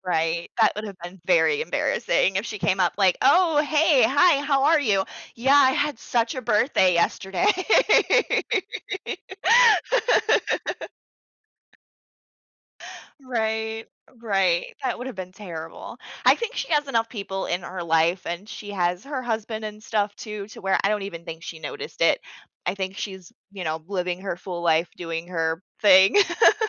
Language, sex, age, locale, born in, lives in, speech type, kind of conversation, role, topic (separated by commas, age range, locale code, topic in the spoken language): English, female, 30-34, en-US, United States, United States, conversation, advice, user, How can I recover my confidence after forgetting something important in front of others?